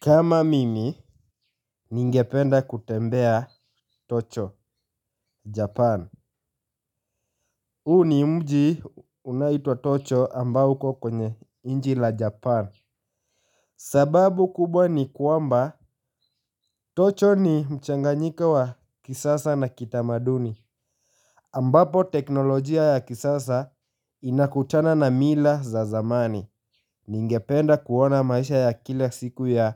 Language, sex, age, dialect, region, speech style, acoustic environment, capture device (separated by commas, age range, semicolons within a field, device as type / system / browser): Swahili, male, 18-24, Kenya - Nairobi Sheng-influenced, Kenya, focused; happy, silence; nature, mobile / Linux / Chrome